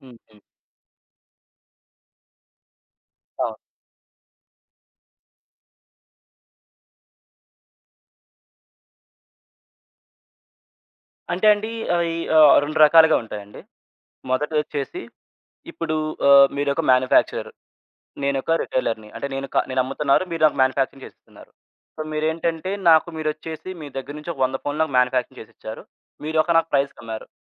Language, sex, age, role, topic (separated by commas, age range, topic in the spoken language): Telugu, male, 20-24, guest, ఆన్‌లైన్‌ షాపింగ్‌ చేస్తూ మీరు ఏ ఏ జాగ్రత్తలు తీసుకుంటారు?